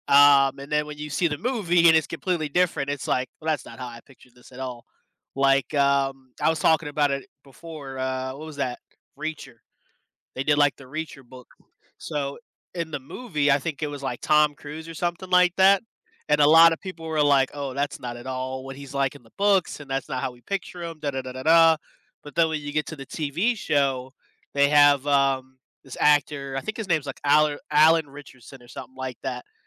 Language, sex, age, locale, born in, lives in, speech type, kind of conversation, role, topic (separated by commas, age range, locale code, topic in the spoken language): English, male, 25-29, en-US, United States, United States, conversation, unstructured, none, Which do you prefer, reading a book or watching a movie, and why?